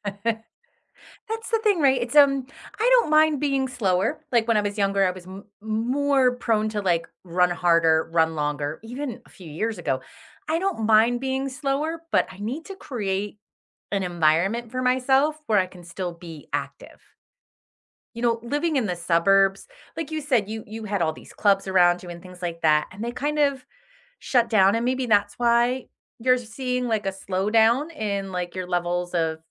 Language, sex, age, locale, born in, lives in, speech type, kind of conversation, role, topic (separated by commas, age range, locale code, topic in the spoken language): English, female, 45-49, en-US, United States, United States, conversation, unstructured, none, How does the idea of slowing down physically make you feel?